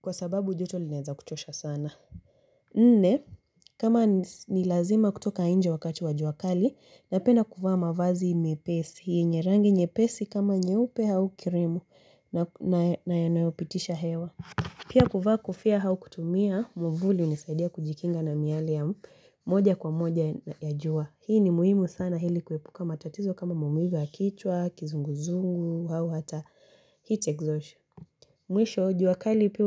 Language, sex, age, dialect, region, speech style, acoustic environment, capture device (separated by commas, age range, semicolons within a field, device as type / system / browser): Swahili, female, 18-24, Kenya - Mombasa, Kenya, focused, silence, mobile / Linux / Chrome